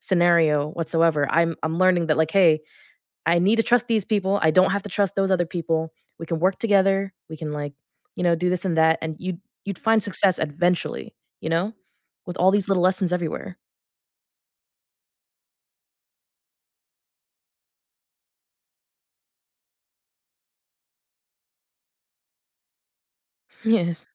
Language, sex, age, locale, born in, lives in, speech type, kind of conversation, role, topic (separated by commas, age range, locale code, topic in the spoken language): English, female, 20-24, en-US, United States, United States, conversation, podcast, host, What can we learn from the challenges and failures we face in life?